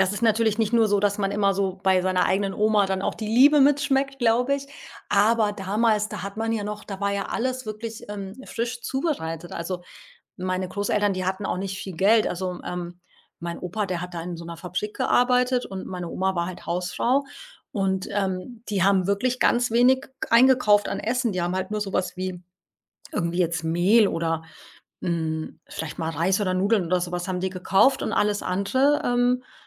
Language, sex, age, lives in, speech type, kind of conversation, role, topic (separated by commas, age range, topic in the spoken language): German, female, 40-44, Portugal, conversation, podcast, guest, Woran denkst du, wenn du an das Sonntagsessen bei deiner Oma denkst?